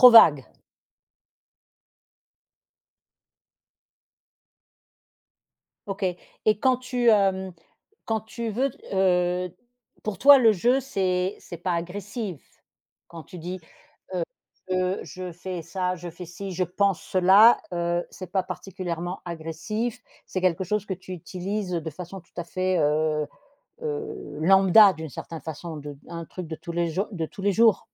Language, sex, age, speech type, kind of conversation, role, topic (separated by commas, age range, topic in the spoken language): French, female, 50-54, conversation, podcast, host, Comment utilises-tu le « je » pour t’exprimer ?